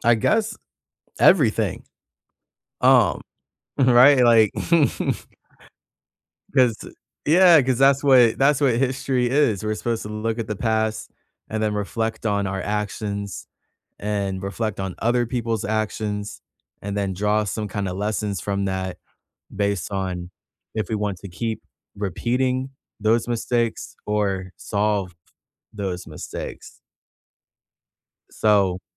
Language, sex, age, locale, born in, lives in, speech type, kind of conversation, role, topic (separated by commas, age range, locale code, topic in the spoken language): English, male, 25-29, en-US, United States, United States, conversation, unstructured, none, What can we learn from past mistakes in history?